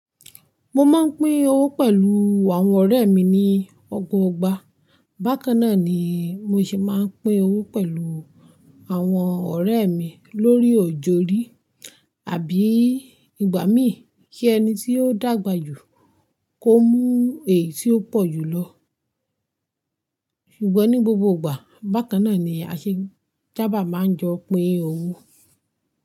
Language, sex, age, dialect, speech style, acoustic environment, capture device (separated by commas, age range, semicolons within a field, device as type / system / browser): Yoruba, female, 25-34, Nigeria - Ibadan, focused, silence, mobile / Linux / Chrome